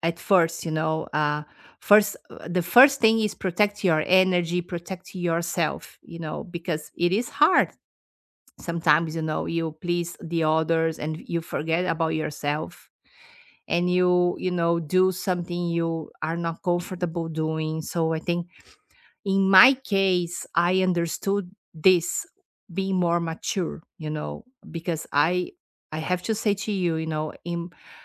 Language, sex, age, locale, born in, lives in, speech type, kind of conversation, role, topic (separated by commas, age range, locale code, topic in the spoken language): English, female, 50-54, en-BR, Brazil, United States, conversation, unstructured, none, What is the best piece of advice you have actually put into practice?